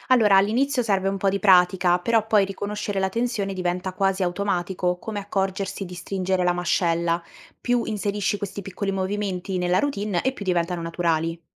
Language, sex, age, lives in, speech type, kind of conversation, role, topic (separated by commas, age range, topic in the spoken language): Italian, female, 18-19, Italy, read, expert-assistant, expert, Tra l'ambiente della mia camera, la dieta e la gestione dello stress, quale aspetto conta di più per un sonno ristoratore?